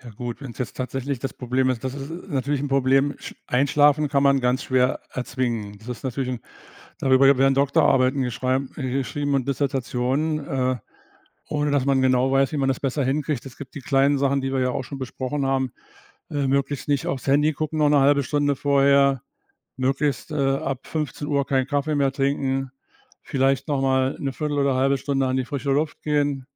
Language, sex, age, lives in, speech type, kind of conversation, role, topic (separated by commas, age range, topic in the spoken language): German, male, 65-69, Germany, conversation, advice, advisor, Warum wechselt mein Schlafrhythmus ständig und warum bin ich morgens so müde?